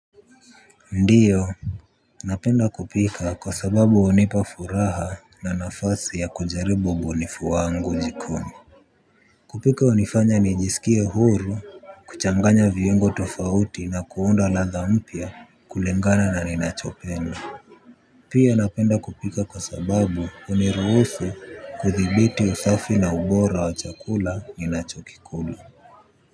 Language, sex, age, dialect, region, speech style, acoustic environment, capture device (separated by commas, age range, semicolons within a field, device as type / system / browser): Swahili, male, 18-24, Kenya - Nairobi Sheng-influenced, Kenya, focused; relaxed, people talking, mobile / Linux / Chrome